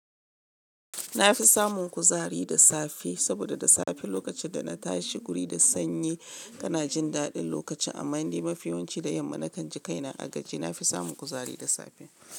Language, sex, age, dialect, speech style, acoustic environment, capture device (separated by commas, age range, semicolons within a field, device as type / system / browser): Hausa, female, 35-44, Nigeria - Lagos Yoruba, happy, people talking, mobile / Linux / Chrome